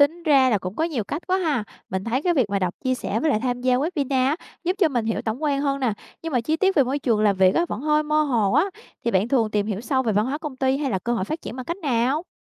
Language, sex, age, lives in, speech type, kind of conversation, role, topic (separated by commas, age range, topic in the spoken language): Vietnamese, female, 25-29, Vietnam, read, expert-assistant, asker, Khi thị trường việc làm biến động, bạn chọn lọc thông tin về nghề nghiệp tương lai như thế nào để không bị rối?